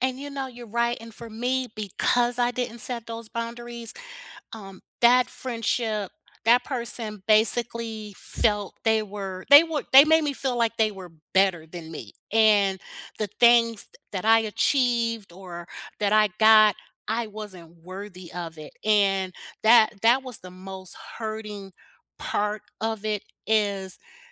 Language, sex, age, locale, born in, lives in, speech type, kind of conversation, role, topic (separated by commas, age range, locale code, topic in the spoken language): English, female, 55-59, en-US, United States, United States, conversation, unstructured, none, How can you set gentle boundaries that bring you closer, not apart?